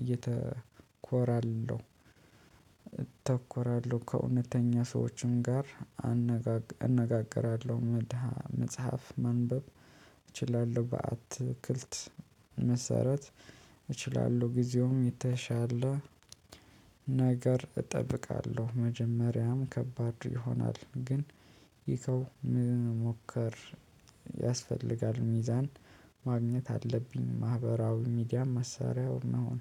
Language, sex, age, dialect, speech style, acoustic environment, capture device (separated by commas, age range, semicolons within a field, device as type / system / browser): Amharic, male, 25-34, Ethiopia - Addis Ababa, happy, people talking; nature, mobile / Linux / Chrome